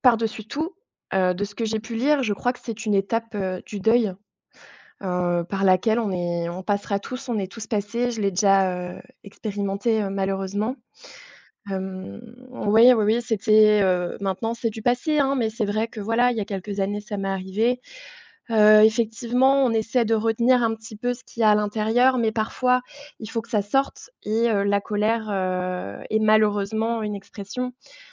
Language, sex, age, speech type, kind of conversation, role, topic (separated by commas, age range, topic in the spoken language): French, female, 30-34, conversation, unstructured, none, Pourquoi certaines personnes se mettent-elles en colère après une perte ?